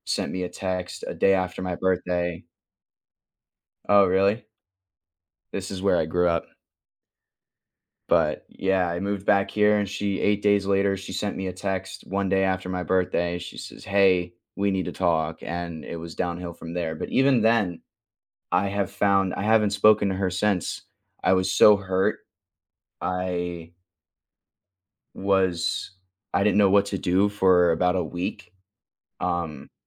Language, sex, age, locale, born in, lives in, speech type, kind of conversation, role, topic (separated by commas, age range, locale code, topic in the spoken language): English, male, 20-24, en-US, United States, United States, conversation, unstructured, none, How does forgiveness affect your life?